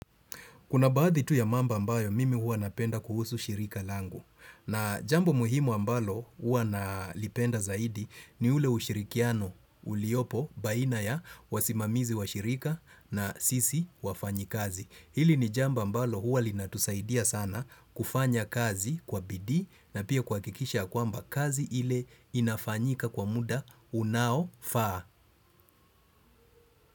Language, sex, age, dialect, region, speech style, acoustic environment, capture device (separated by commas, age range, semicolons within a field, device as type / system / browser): Swahili, male, 35-44, Kenya - Mombasa, Kenya, focused, construction; appliances, desktop / Windows / Chrome